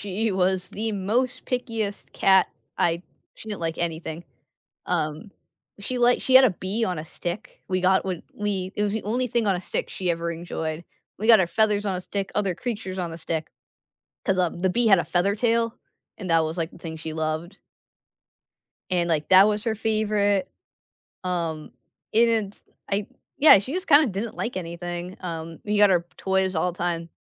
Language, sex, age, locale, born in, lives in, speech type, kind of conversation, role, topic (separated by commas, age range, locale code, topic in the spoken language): English, female, 20-24, en-US, United States, United States, conversation, unstructured, none, What are some fun activities to do with your pet?